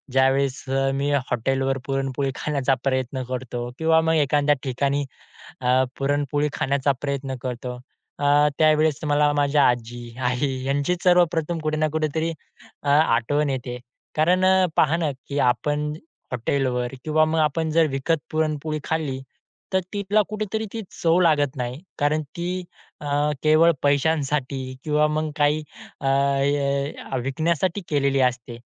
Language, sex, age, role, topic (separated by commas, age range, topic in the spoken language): Marathi, male, 20-24, guest, तुमच्या कुटुंबातील आवडती पारंपरिक पाककृती कोणती आहे?